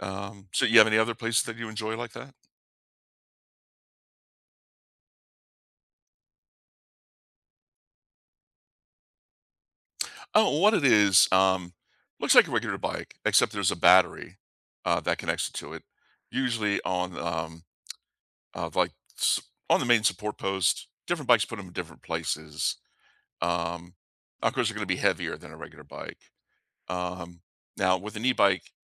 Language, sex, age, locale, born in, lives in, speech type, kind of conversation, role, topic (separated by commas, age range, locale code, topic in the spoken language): English, male, 55-59, en-US, United States, United States, conversation, unstructured, none, Which nearby outdoor place feels like yours, and what makes it special or meaningful to you?